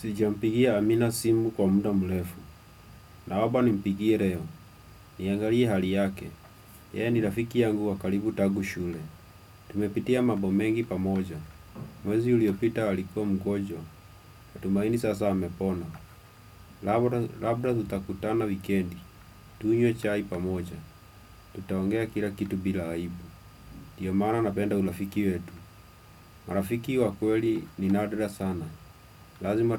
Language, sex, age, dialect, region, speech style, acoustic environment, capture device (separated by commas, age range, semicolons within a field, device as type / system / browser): Swahili, male, 25-34, Kenya - Nairobi Sheng-influenced, Kenya, relaxed; happy, silence, desktop / Windows / Chrome